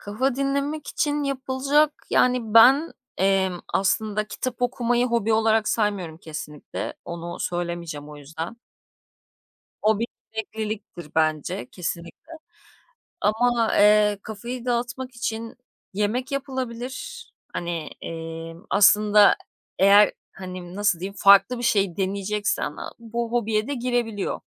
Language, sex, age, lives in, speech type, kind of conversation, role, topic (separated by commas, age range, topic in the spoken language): Turkish, female, 35-39, Greece, conversation, podcast, guest, Başlangıç seviyesindeki birine hangi yaratıcı hobiyi önerirsin, neden?